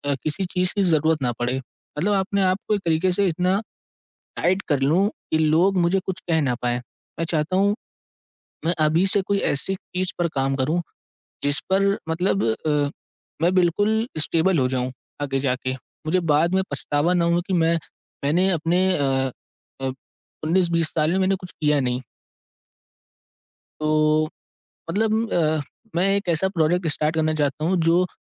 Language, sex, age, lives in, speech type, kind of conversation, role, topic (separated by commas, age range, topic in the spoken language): Hindi, male, 18-19, India, conversation, advice, user, आप बड़े प्रोजेक्ट की शुरुआत क्यों नहीं कर पा रहे हैं?